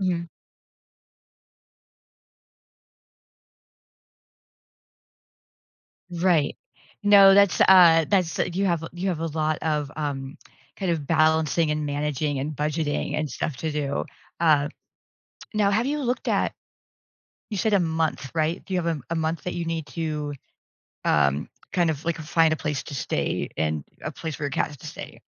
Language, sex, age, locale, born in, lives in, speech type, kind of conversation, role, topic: English, female, 45-49, en-US, United States, United States, conversation, advice, advisor, How can I manage stress during a busy week?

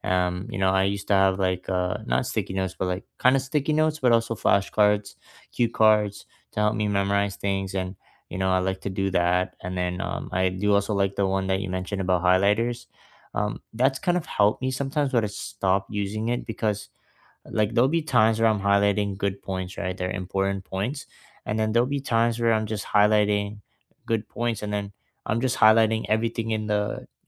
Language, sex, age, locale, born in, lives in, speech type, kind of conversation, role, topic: English, male, 20-24, en-CA, Canada, Canada, conversation, unstructured, none, Which real-life study habits have truly worked for you, and how did you figure them out?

